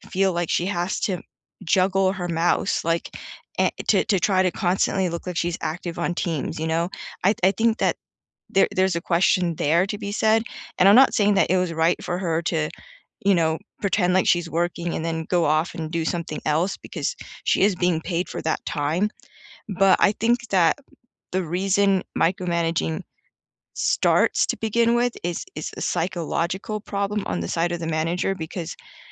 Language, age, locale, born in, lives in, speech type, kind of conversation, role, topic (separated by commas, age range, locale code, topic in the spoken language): English, 30-34, en-US, United States, United States, conversation, unstructured, none, What is your opinion of bosses who micromanage?